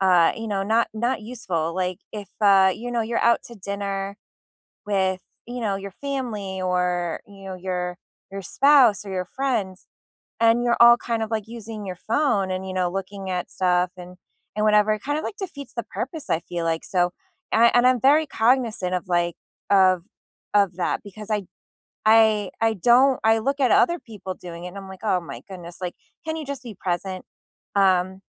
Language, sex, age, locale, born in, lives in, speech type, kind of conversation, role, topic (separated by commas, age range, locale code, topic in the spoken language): English, female, 35-39, en-US, United States, United States, conversation, unstructured, none, How do you manage notifications and screen time without feeling like you're missing out?